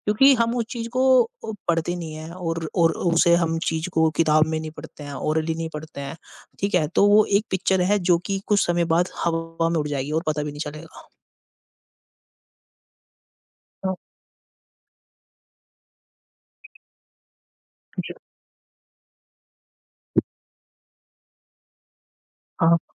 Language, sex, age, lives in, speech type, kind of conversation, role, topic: Hindi, male, 50-54, India, conversation, unstructured, none, इंटरनेट ने पढ़ाई के तरीके में क्या बदलाव लाया है?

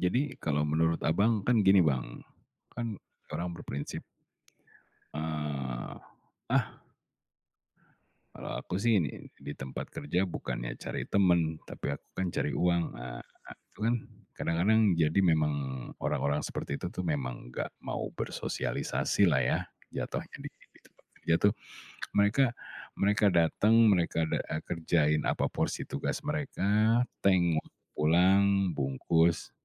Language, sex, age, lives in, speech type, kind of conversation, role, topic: Indonesian, male, 40-44, Indonesia, conversation, podcast, host, Bagaimana kamu memilih antara gaji yang lebih tinggi dan budaya kerja yang lebih baik?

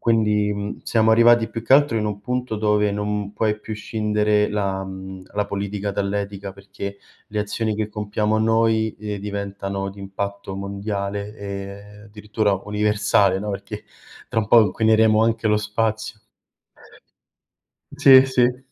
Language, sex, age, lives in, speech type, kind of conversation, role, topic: Italian, male, 25-29, Italy, conversation, unstructured, none, Qual è la tua opinione sul cambiamento climatico e sulle azioni che si stanno facendo oggi?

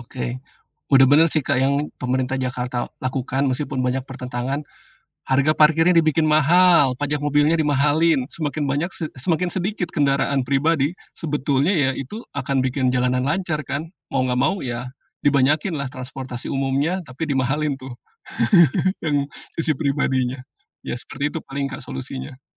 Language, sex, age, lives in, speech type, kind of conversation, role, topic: Indonesian, male, 45-49, Indonesia, conversation, podcast, guest, Kalau soal transportasi, teknologi apa yang bakal mengubah rutinitas kita?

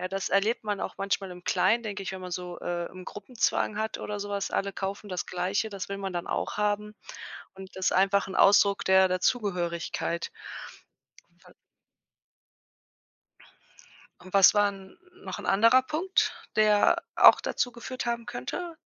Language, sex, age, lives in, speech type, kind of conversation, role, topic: German, female, 35-39, Germany, conversation, podcast, host, Wie würdest du dein Lieblingsbuch beschreiben, und warum ist es dein Lieblingsbuch?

